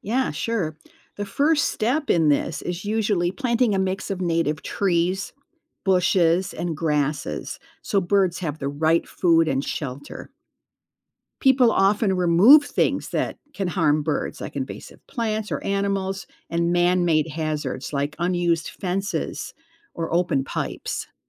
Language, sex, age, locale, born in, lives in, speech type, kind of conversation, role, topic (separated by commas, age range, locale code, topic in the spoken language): English, female, 65-69, en-US, United States, United States, read, expert-assistant, expert, Why do some animals migrate while others stay in one place?